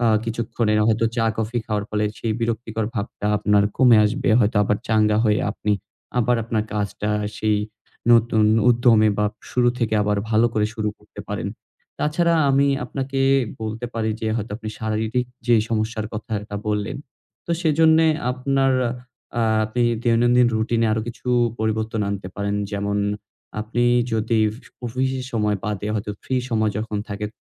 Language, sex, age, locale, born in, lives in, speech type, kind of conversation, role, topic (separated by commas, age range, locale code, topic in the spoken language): Bengali, male, 20-24, bn-BD, Bangladesh, Bangladesh, conversation, advice, advisor, শুরুর দিকে উৎসাহ থাকলেও পরে ধারাবাহিকতা হারিয়ে মোটিভেশন না থাকার অভিজ্ঞতা কি আপনারও হয়?